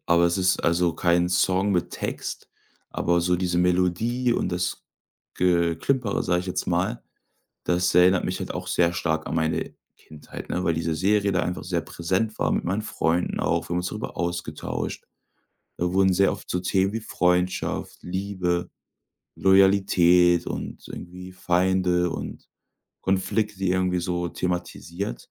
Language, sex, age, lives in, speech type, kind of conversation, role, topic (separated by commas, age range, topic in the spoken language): German, male, 25-29, Germany, conversation, podcast, guest, Welcher Song erinnert dich am stärksten an deine Kindheit?